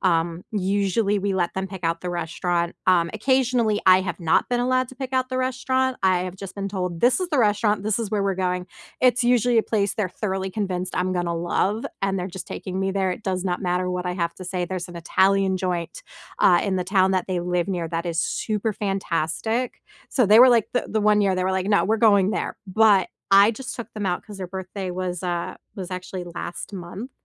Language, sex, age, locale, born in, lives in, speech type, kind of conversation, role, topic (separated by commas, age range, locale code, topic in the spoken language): English, female, 30-34, en-US, United States, United States, conversation, unstructured, none, What is the best meal you have had recently, and why did it stand out?